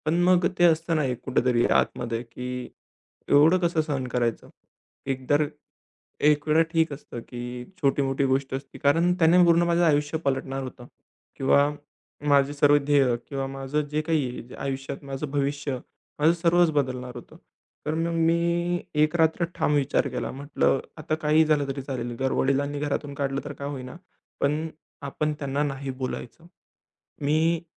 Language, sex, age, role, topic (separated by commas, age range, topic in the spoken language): Marathi, male, 20-24, guest, तुम्ही स्वतःला व्यक्त करायला सुरुवात कशी करता?